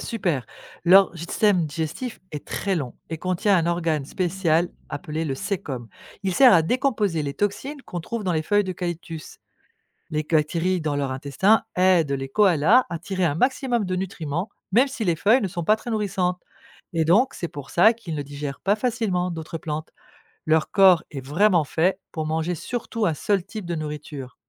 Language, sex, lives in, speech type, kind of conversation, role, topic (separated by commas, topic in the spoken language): French, female, France, read, expert-assistant, expert, Pourquoi les koalas ne mangent-ils que des feuilles d’eucalyptus ?